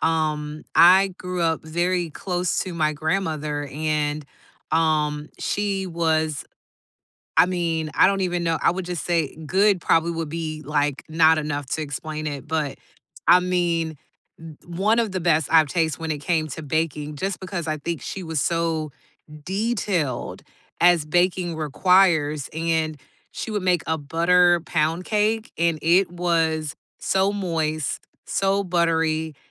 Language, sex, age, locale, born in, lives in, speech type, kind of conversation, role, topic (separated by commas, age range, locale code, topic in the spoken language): English, female, 40-44, en-US, United States, United States, conversation, unstructured, none, What food do you associate with happy memories?